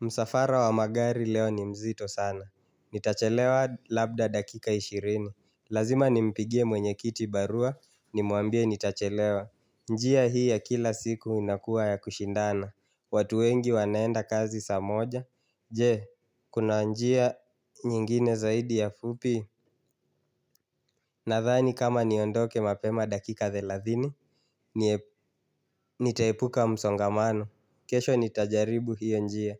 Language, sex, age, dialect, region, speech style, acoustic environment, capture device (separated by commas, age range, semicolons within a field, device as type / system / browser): Swahili, male, 25-34, Kenya - Nairobi Sheng-influenced, Kenya, focused, silence, mobile / Linux / Chrome